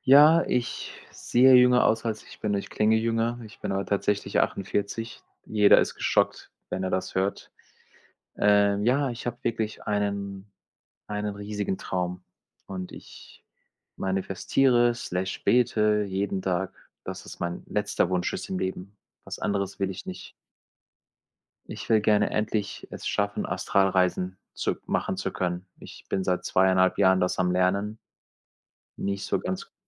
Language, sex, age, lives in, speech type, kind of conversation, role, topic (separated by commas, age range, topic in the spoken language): German, male, 45-49, Germany, conversation, unstructured, none, Welche Träume hast du für deine Zukunft?